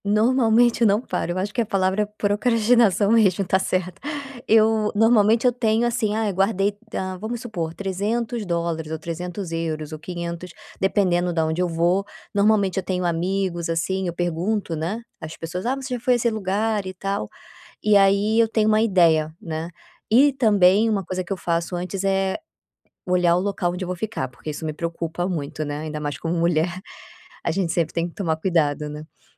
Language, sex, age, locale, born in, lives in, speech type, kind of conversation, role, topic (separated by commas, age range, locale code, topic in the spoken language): Portuguese, female, 30-34, pt-BR, Brazil, Spain, conversation, advice, user, Como posso planejar melhor viagens e passeios sem me sentir sobrecarregado(a)?